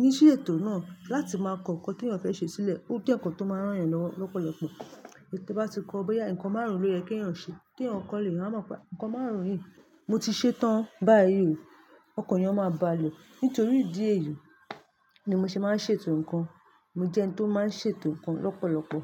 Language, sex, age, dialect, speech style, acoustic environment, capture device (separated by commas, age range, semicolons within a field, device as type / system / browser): Yoruba, female, 25-34, Nigeria - Lagos Yoruba, focused, nature; traffic, mobile / Linux / Chrome